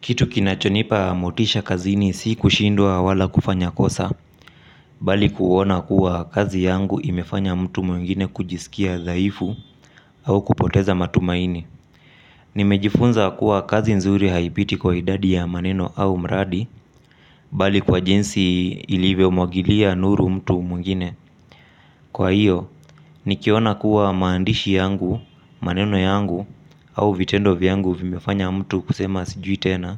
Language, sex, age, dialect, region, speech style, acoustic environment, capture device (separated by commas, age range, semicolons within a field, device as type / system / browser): Swahili, male, 25-34, Kenya - Mombasa, Kenya, relaxed, silence; appliances; traffic, mobile / Linux / Chrome